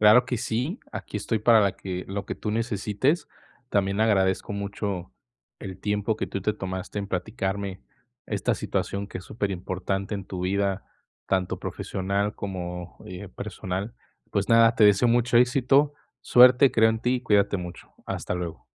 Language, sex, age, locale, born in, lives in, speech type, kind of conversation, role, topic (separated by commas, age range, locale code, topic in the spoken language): Spanish, male, 20-24, es-MX, Mexico, Mexico, conversation, advice, advisor, ¿Cómo puedo mejorar mi autoestima y sentirme bien conmigo mismo?